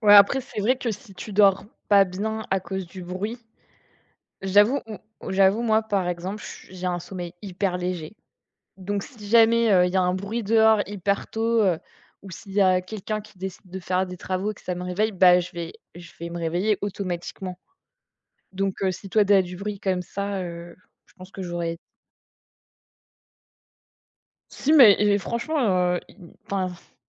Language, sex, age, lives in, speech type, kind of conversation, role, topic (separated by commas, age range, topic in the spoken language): French, female, 25-29, France, conversation, unstructured, none, Quelle importance accordes-tu au sommeil dans ta vie ?